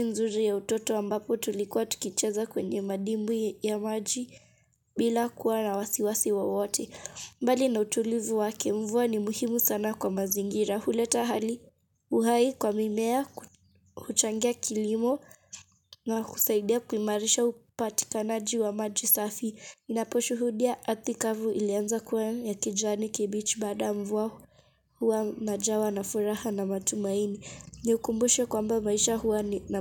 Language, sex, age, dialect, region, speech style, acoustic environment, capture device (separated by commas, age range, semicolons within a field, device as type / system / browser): Swahili, female, 25-34, Kenya - Mombasa, Kenya, focused, silence, mobile / Linux / Chrome